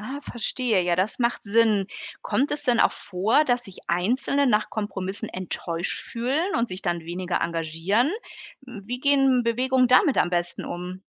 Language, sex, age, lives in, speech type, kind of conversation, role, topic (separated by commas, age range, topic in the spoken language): German, female, 45-49, Germany, read, expert-assistant, asker, Was bringt Menschen dazu, gemeinsam für Veränderungen auf die Straße zu gehen, und wie verändert das ihr Leben?